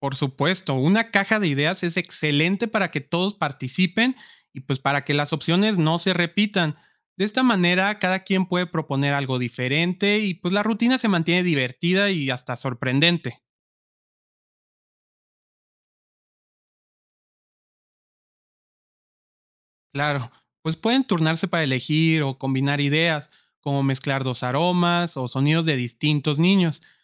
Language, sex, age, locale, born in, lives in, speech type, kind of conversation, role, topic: Spanish, male, 25-29, es-MX, Mexico, Mexico, read, expert-assistant, expert, ¿Cómo puedo organizar una rutina diaria que incluya prácticas espirituales sin sentirme abrumado?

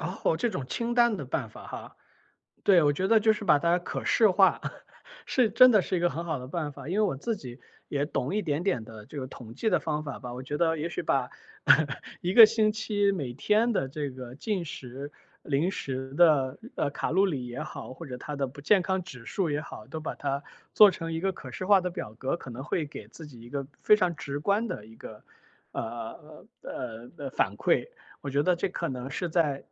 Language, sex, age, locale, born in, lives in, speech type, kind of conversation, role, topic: Chinese, male, 35-39, zh-CN, China, United States, conversation, advice, user, 我该如何开始控制包装食品的摄入量和食用频率？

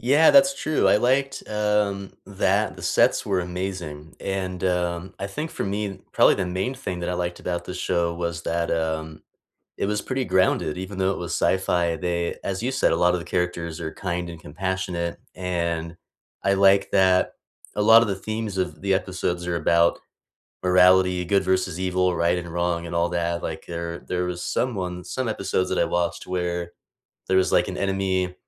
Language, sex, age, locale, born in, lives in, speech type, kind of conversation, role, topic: English, male, 30-34, en-US, United States, United States, conversation, unstructured, none, What comfort TV shows do you rewatch on rainy days?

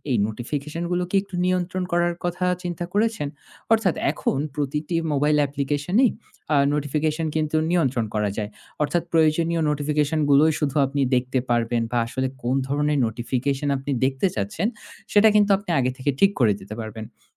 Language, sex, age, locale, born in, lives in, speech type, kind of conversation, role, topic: Bengali, male, 30-34, bn-BD, Bangladesh, Finland, conversation, advice, advisor, কাজের সময় ফোনের বিজ্ঞপ্তি আর সামাজিক মাধ্যম কি বারবার আপনাকে মনোযোগ হারিয়ে ফেলতে বাধ্য করে?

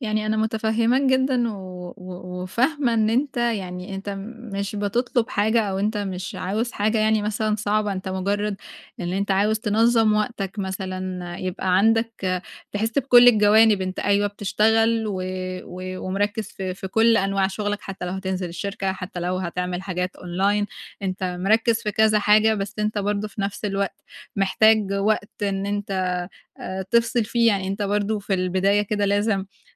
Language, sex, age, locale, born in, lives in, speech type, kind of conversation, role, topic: Arabic, female, 20-24, ar-EG, Egypt, Egypt, conversation, advice, advisor, إزاي أتعامل مع إحساس الذنب لما أسترخى أو أرفّه عن نفسي؟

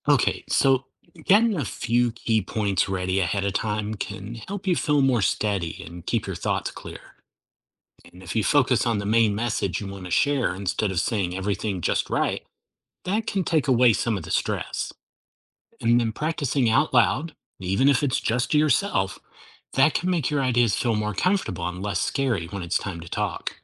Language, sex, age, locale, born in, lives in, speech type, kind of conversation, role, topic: English, male, 50-54, en-US, United States, United States, read, expert-assistant, expert, How can you overcome fear of failure?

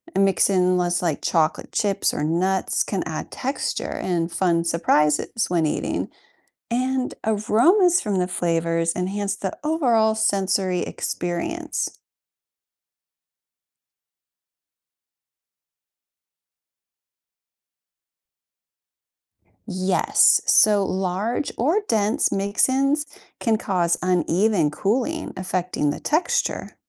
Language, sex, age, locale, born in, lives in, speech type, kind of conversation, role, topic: English, female, 40-44, en-US, United States, United States, read, expert-assistant, expert, How does science explain making ice cream?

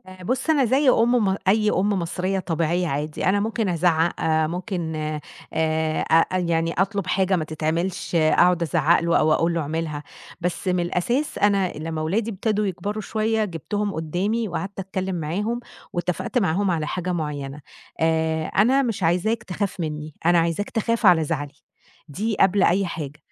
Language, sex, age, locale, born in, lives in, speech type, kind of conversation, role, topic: Arabic, female, 30-34, ar-EG, Egypt, Egypt, conversation, podcast, guest, إيه أسلوبك لما تضطر تعاقب طفل من غير ضرب ولا صريخ؟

